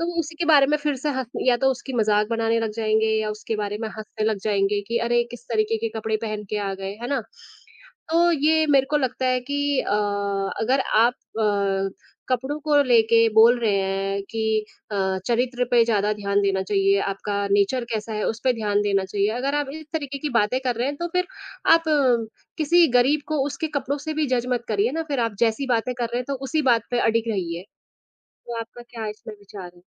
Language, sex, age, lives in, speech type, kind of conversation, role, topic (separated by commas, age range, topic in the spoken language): Hindi, female, 25-29, India, conversation, unstructured, none, क्या किसी व्यक्ति की पहचान केवल उसके कपड़ों के आधार पर आँकना सही है?